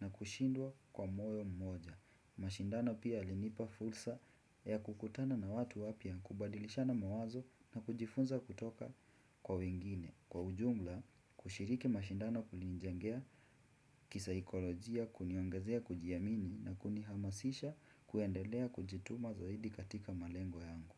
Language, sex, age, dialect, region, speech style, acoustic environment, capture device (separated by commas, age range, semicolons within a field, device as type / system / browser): Swahili, male, 18-24, Kenya - Mombasa, Kenya, happy, silence, desktop / Windows / Chrome